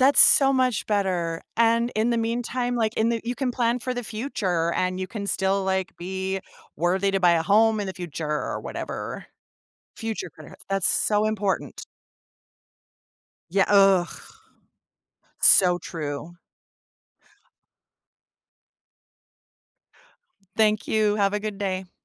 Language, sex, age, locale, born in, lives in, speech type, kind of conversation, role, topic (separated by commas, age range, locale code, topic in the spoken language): English, female, 40-44, en-US, United States, United States, conversation, unstructured, none, How do high interest rates on credit cards impact people's financial decisions?